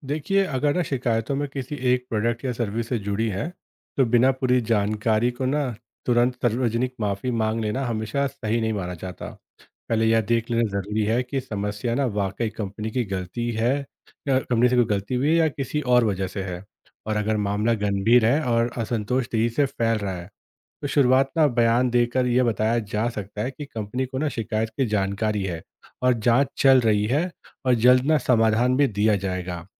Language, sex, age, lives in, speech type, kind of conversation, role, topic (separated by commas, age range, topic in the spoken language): Hindi, male, 30-34, India, read, expert-assistant, expert, कंपनियाँ सोशल मीडिया पर ग्राहक शिकायतों का किस तरह जवाब दें?